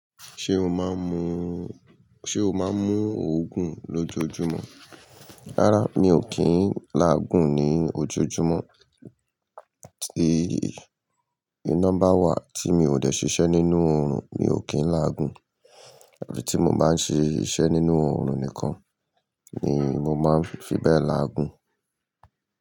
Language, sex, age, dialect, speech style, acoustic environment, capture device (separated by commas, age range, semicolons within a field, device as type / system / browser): Yoruba, male, 45-54, Nigeria - Lagos Yoruba, happy, people talking, mobile / Linux / Chrome